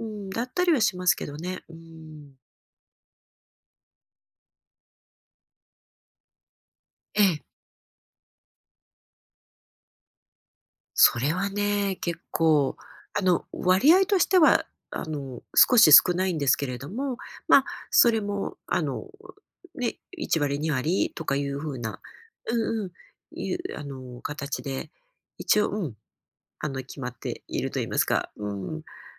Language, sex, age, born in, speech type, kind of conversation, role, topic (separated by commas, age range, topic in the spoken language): Japanese, female, 50-54, Japan, conversation, advice, user, 競争に勝つために、どのように差別化すればよいですか？